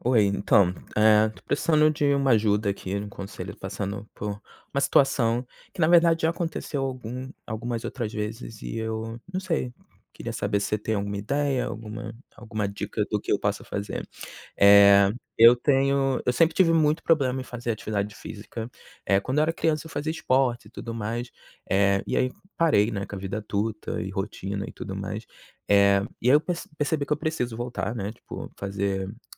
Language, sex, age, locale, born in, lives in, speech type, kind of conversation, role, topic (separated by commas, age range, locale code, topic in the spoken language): Portuguese, male, 30-34, pt-BR, Brazil, United States, conversation, advice, user, Como lidar com a perda de motivação quando você não vê resultados?